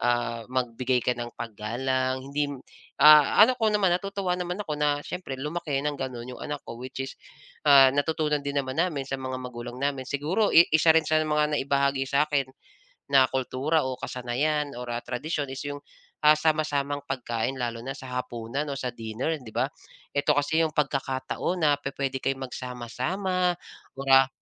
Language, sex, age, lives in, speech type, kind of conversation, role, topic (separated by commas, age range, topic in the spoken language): Filipino, male, 35-39, Philippines, conversation, podcast, guest, Paano ninyo naipapasa ang kultura sa inyong mga anak?